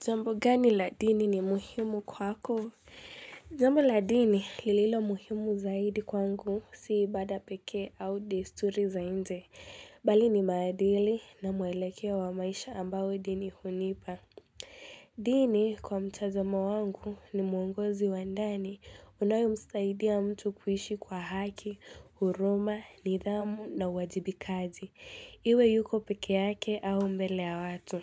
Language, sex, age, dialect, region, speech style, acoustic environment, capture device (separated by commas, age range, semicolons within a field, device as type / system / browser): Swahili, female, 18-24, Kenya - Mombasa, Kenya, tired; relaxed, nature; silence, mobile / Linux / Chrome